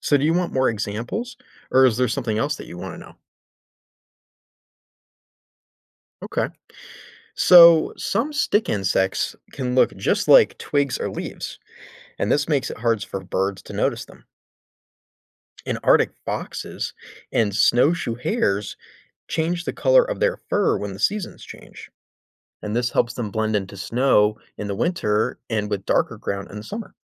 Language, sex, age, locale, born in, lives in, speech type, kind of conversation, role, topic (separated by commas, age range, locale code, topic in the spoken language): English, male, 25-29, en-US, United States, United States, read, expert-assistant, expert, Why do some animals use camouflage to hide from predators?